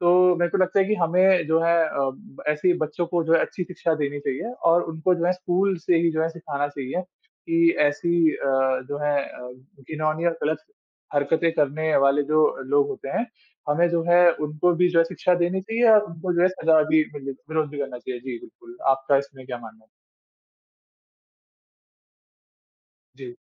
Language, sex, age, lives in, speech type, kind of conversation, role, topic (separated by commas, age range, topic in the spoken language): Hindi, male, 20-24, India, conversation, unstructured, none, आपको क्या लगता है कि हमारे समाज में भेदभाव क्यों बढ़ रहा है?